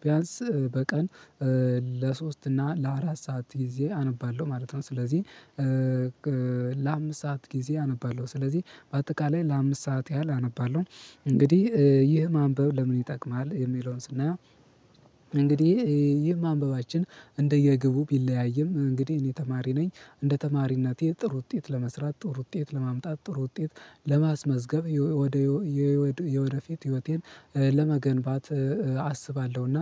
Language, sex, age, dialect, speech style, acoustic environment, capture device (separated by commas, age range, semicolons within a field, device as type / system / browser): Amharic, male, 18-24, Ethiopia - Gondar, happy, people talking, mobile / Linux / Chrome